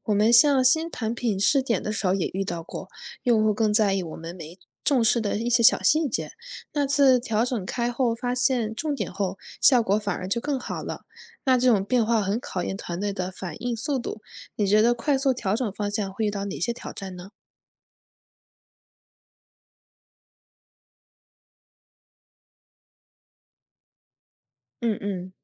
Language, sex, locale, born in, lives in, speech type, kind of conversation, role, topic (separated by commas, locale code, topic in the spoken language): Chinese, female, zh-CN, China, United States, read, expert-assistant, asker, 作为管理者，日常工作中遇到多个任务时，你通常怎么决定先做哪一件？